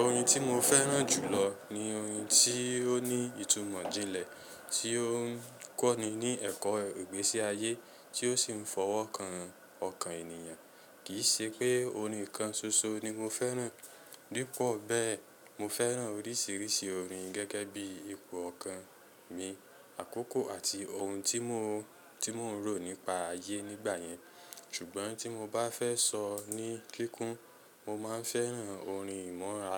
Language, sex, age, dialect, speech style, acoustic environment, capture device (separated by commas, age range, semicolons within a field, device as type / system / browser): Yoruba, male, 25-34, Nigeria - Lagos Yoruba, excited, silence, mobile / Linux / Chrome